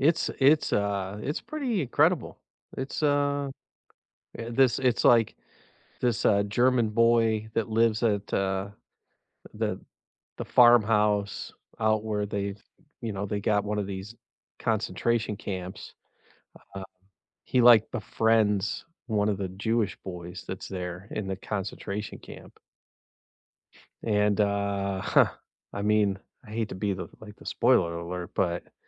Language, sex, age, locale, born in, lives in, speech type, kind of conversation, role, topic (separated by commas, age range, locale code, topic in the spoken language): English, male, 50-54, en-US, United States, United States, conversation, unstructured, none, How do you cope with watching difficult topics on screen, and what helps you process them afterward?